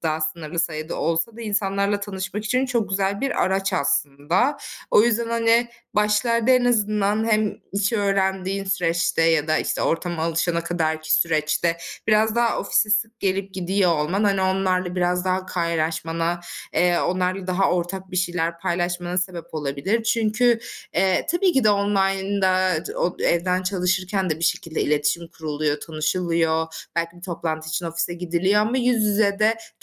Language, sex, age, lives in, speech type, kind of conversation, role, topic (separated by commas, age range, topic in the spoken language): Turkish, female, 25-29, Germany, conversation, advice, advisor, Yeni bir şehre taşındıktan sonra yeni bir sosyal çevre kurma ve oraya alışma kaygısıyla nasıl başa çıkabilirim?